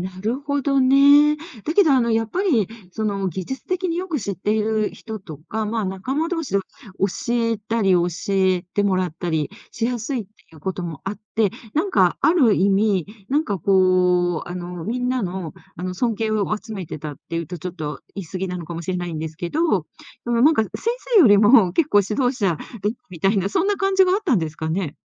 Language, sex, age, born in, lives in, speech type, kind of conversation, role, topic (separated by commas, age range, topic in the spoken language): Japanese, female, 60-64, Japan, Japan, conversation, podcast, host, 学校で自分の居場所はありましたか？